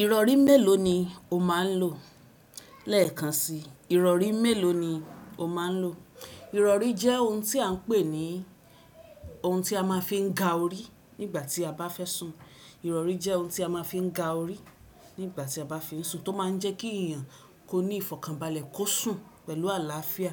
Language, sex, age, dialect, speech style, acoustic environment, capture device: Yoruba, female, 25-34, Nigeria - Ibadan, relaxed, nature, desktop / Windows / Chrome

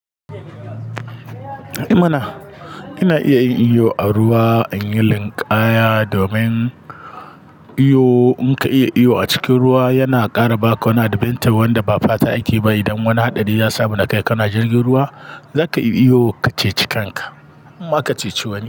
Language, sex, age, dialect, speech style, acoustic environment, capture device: Hausa, male, 35-44, Nigeria - Kano, anxious, nature, mobile / Linux / Chrome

